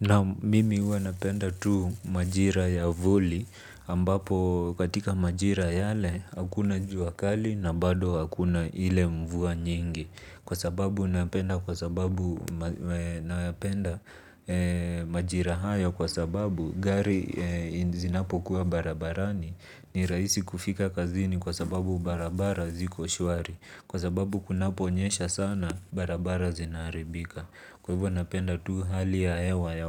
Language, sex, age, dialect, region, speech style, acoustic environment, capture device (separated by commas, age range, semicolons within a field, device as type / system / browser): Swahili, male, 25-34, Kenya - Nairobi Sheng-influenced, Kenya, relaxed; focused, silence, mobile / Linux / Chrome